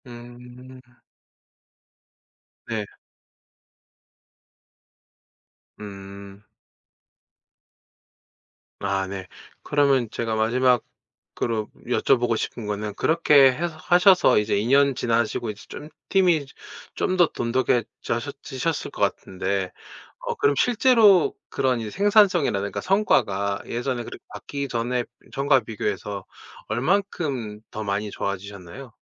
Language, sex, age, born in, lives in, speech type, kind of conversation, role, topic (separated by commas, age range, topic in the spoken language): Korean, male, 40-44, South Korea, Japan, conversation, podcast, host, 작은 습관으로 생산성을 높이는 비결이 있나요?